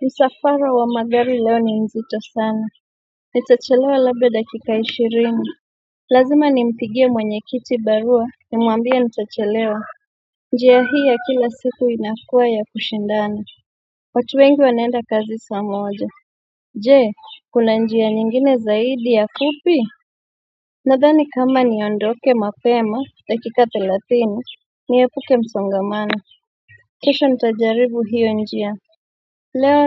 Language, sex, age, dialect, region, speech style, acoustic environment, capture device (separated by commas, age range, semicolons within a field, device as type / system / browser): Swahili, female, 25-34, Kenya - Mombasa, Kenya, relaxed, nature, mobile / Android / Chrome